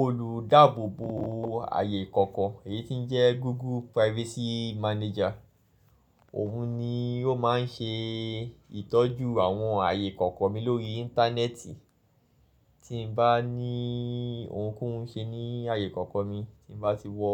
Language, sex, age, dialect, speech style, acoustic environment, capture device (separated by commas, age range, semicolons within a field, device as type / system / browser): Yoruba, male, 25-34, Nigeria - Lagos Yoruba, focused, people talking, mobile / Linux / Chrome